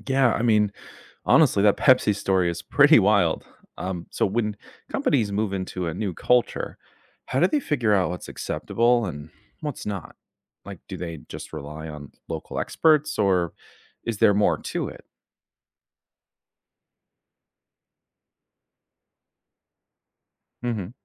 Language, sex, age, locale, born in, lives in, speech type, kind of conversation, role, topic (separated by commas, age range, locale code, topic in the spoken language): English, male, 25-29, en-US, United States, United States, read, expert-assistant, asker, Why do companies study local cultures before expanding?